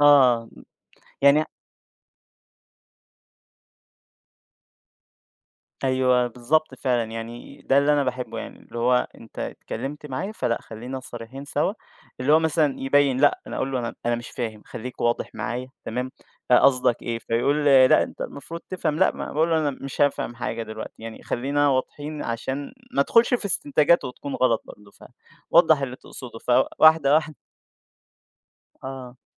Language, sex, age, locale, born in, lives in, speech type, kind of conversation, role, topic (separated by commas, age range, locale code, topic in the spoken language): Arabic, male, 20-24, ar-EG, Egypt, Egypt, conversation, podcast, guest, هل بتفضّل الكلام الواضح ولا التلميحات؟ وليه؟